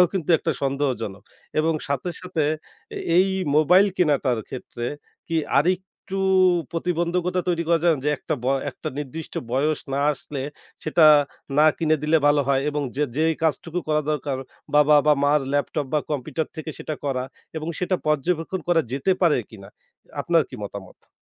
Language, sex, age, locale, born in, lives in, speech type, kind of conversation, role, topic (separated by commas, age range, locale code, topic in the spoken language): Bengali, male, 25-29, bn-BD, Bangladesh, Bangladesh, conversation, podcast, host, বৃদ্ধ বাবা-মায়ের যত্ন কীভাবে নেওয়া উচিত?